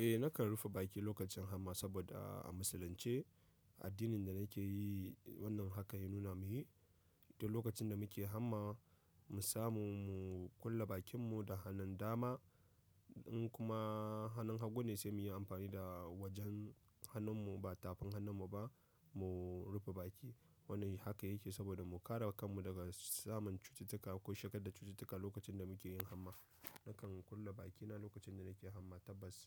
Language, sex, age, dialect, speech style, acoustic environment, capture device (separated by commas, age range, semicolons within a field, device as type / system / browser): Hausa, male, 25-34, Nigeria - Nigerian Standard, happy; excited, people talking; nature; silence, mobile / macOS / Safari